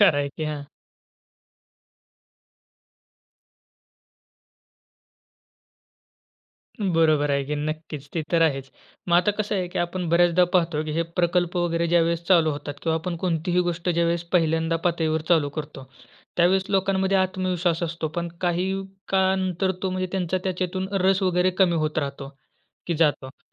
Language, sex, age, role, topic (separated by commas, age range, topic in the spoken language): Marathi, male, 20-24, host, छोटासा स्थानिक पर्यावरण प्रकल्प सुरू करण्यासाठी सुरुवातीला तुम्ही काय कराल?